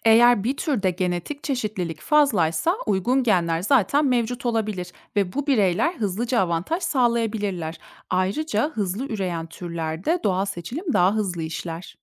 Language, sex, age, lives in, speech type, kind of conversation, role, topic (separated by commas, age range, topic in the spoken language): Turkish, female, 30-34, Germany, read, expert-assistant, expert, Gelecekte çevre koşulları değişirse, canlıların evriminde hangi yeni yollar ortaya çıkabilir?